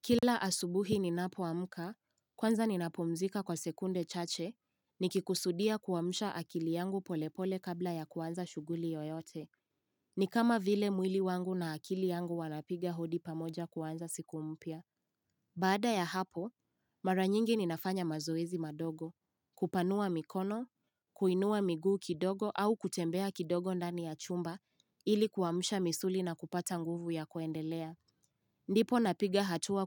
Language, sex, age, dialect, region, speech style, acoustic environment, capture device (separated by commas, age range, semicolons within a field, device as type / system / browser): Swahili, female, 18-24, Kenya - Nairobi Sheng-influenced, Kenya, happy; focused; relaxed, silence, mobile / Linux / Chrome